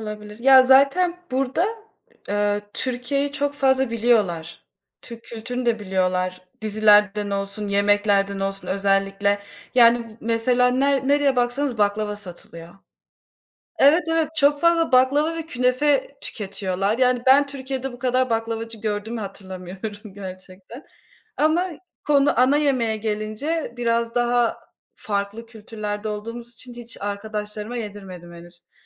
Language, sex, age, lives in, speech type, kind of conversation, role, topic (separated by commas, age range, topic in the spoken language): Turkish, female, 25-29, Poland, conversation, unstructured, none, Arkadaşlarınla yemek paylaşmak senin için ne ifade ediyor?